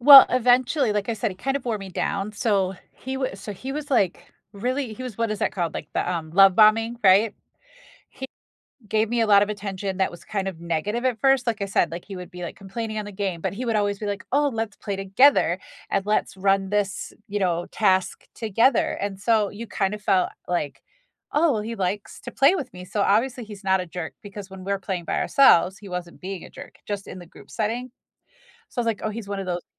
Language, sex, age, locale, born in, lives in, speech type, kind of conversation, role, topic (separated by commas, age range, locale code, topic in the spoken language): English, female, 45-49, en-US, United States, United States, conversation, unstructured, none, How do you know when it’s time to break up?